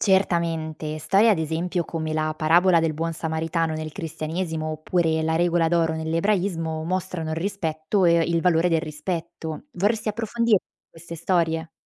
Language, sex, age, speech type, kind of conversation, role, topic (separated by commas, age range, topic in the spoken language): Italian, female, 25-29, read, expert-assistant, expert, Quali strategie usano le grandi religioni per aiutare a prevenire i pregiudizi tra persone di fedi diverse?